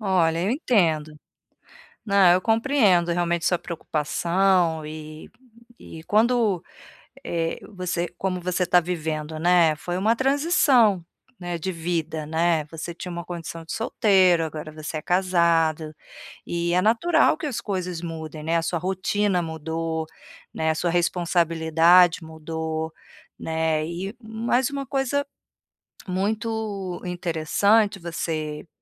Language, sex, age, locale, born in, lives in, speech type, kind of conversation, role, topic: Portuguese, female, 45-49, pt-BR, Brazil, Portugal, conversation, advice, advisor, Como posso dizer não a pedidos excessivos de amigos sem magoá-los?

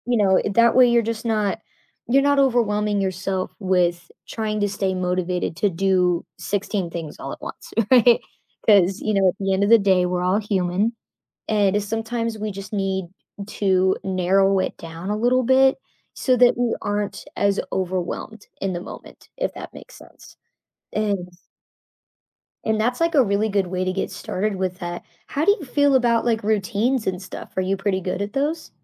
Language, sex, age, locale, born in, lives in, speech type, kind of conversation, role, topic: English, female, 25-29, en-US, United States, United States, conversation, advice, advisor, How can I stay motivated during stressful periods?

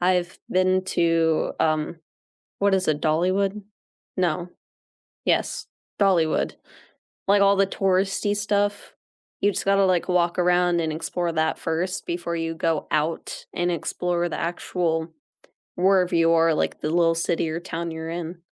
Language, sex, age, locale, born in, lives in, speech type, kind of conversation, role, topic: English, female, 18-19, en-US, United States, United States, conversation, unstructured, none, When you arrive somewhere new, what do you look for first, and why does it matter to you?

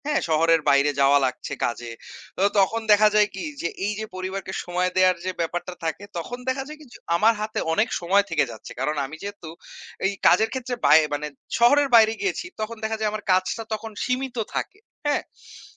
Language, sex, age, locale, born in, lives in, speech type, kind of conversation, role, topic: Bengali, male, 25-29, bn-BD, Bangladesh, Bangladesh, conversation, unstructured, none, আপনি কীভাবে কাজ ও ব্যক্তিগত জীবনের মধ্যে ভারসাম্য বজায় রাখেন?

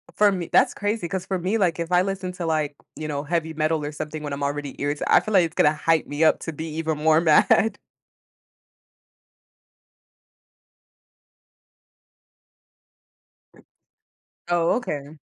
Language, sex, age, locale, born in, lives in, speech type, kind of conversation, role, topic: English, female, 20-24, en-US, United States, United States, conversation, podcast, host, How do you unwind and recharge after a long day at work?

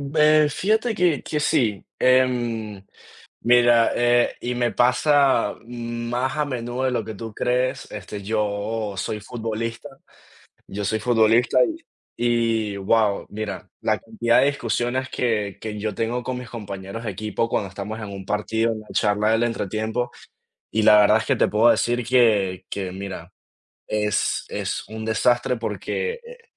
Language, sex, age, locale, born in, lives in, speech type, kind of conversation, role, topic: Spanish, male, 20-24, es-VE, Venezuela, United States, conversation, unstructured, none, ¿Cómo manejas una discusión cuando estás muy enojado?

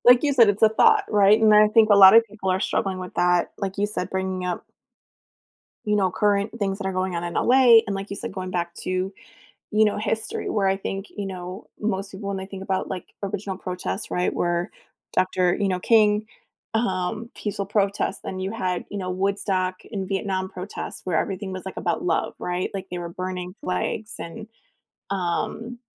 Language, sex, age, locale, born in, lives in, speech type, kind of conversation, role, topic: English, female, 40-44, en-US, United States, United States, conversation, podcast, host, How do family or cultural traditions shape who you are today?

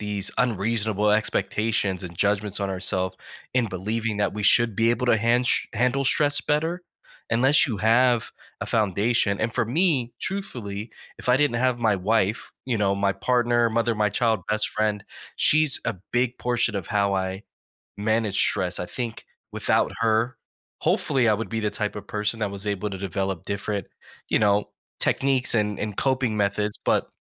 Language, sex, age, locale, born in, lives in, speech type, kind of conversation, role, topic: English, male, 35-39, en-US, United States, United States, conversation, podcast, guest, What strategies do you use to manage stress and maintain your composure?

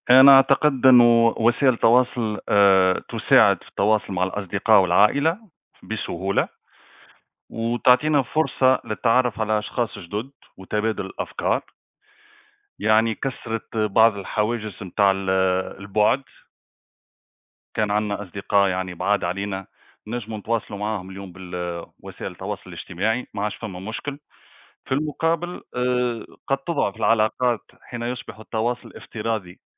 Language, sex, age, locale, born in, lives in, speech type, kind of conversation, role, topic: Arabic, male, 35-39, ar-TN, Tunisia, Greece, conversation, unstructured, none, إيه رأيك في تأثير وسائل التواصل الاجتماعي على حياتنا اليومية؟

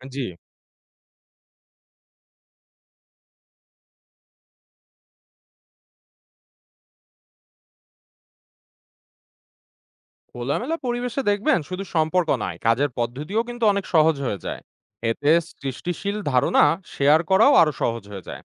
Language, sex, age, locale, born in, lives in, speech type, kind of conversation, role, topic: Bengali, male, 25-29, bn-BD, Bangladesh, Bangladesh, read, expert-assistant, expert, বিদেশি সহকর্মীর সঙ্গে কাজ করার সময় সাংস্কৃতিক ভুল বোঝাবুঝি হলে কী করব?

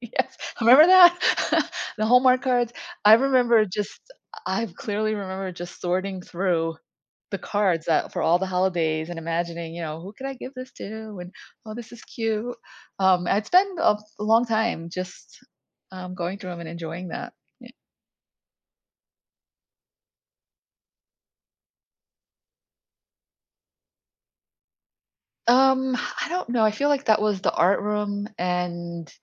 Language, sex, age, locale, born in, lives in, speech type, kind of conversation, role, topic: English, female, 50-54, en-US, United States, United States, conversation, advice, user, How do I understand the impact of my childhood memories on my life now?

